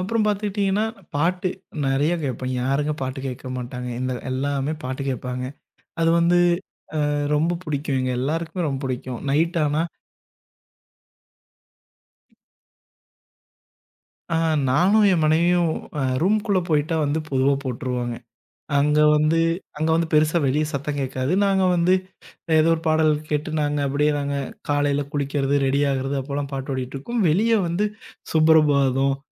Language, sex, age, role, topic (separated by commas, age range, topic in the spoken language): Tamil, male, 25-29, guest, உங்களுக்குப் பிடித்த பொழுதுபோக்கு என்ன, அதைப் பற்றி சற்றுச் சொல்ல முடியுமா?